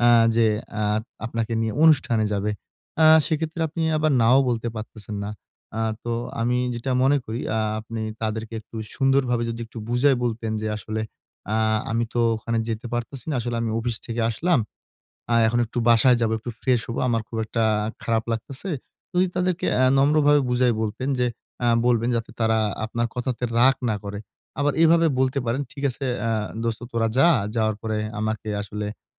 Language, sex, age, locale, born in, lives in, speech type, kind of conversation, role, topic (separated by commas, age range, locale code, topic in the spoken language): Bengali, male, 20-24, bn-BD, Bangladesh, Bangladesh, conversation, advice, advisor, সামাজিক ক্লান্তি ও সীমা নির্ধারণ